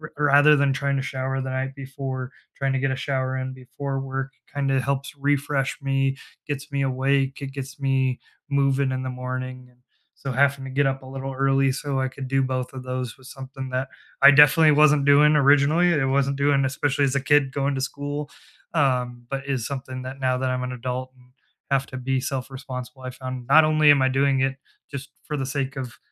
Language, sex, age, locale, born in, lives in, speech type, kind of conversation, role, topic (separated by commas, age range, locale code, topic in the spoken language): English, male, 30-34, en-US, United States, United States, conversation, unstructured, none, What simple self-care habits do you actually keep doing?